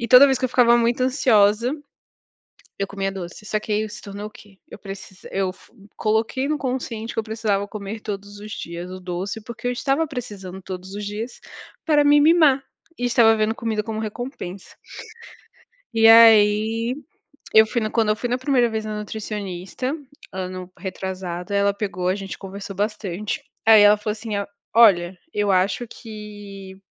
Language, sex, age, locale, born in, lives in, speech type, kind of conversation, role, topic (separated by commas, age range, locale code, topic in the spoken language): Portuguese, female, 30-34, pt-BR, Brazil, France, conversation, unstructured, none, Como você escolhe o que comer no dia a dia?